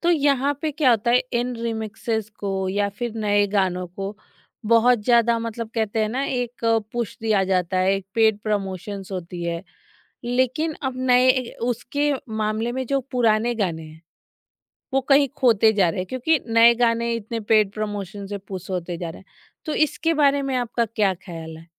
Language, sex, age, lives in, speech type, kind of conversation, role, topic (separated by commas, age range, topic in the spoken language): Hindi, female, 30-34, India, conversation, podcast, host, Purane gaane sunkar tumhe kaisa lagta hai?